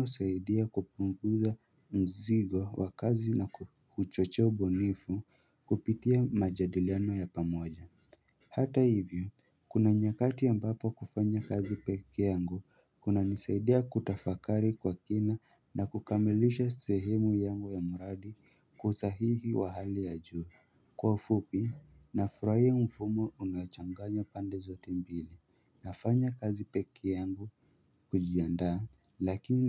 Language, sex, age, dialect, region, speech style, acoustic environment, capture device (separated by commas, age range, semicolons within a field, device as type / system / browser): Swahili, male, 18-24, Kenya - Nairobi Sheng-influenced, Kenya, happy; excited, silence, mobile / Linux / Chrome